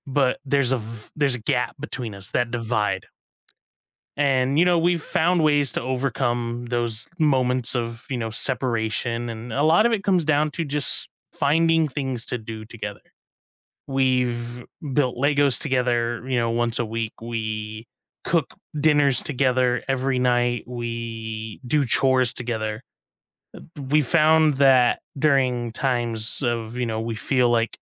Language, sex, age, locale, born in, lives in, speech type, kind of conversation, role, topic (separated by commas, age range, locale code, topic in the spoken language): English, male, 25-29, en-US, United States, United States, conversation, unstructured, none, What is the best way to keep romance alive?